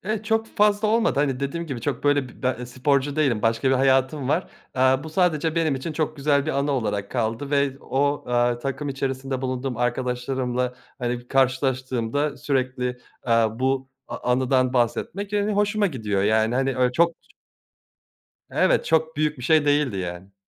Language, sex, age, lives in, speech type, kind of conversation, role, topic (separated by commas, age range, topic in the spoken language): Turkish, male, 30-34, Germany, conversation, podcast, guest, Hobinle ilgili en gurur duyduğun an hangisiydi?